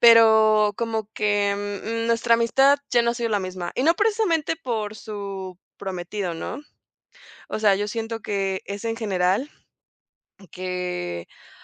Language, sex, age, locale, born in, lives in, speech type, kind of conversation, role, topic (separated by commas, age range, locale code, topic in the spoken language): Spanish, female, 20-24, es-MX, Mexico, Mexico, conversation, advice, user, ¿Cómo cambió tu amigo después de empezar una relación de pareja o tener hijos?